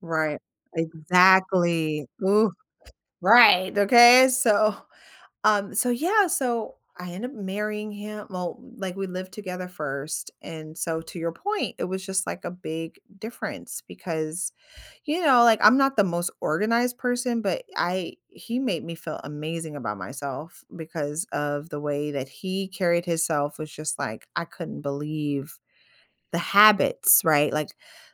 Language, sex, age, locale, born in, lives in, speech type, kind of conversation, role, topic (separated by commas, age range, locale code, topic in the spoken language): English, female, 35-39, en-US, United States, United States, conversation, advice, user, How can I address my roommate's hygiene?